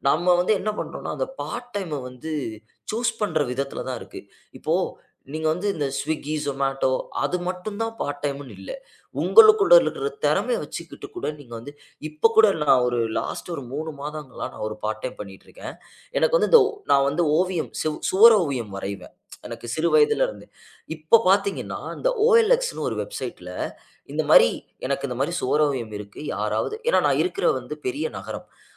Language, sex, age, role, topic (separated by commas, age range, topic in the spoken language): Tamil, male, 25-29, guest, உங்கள் ஆர்வத்தைப் பணியாக மாற்றுவது எப்படி சாத்தியமாகும்?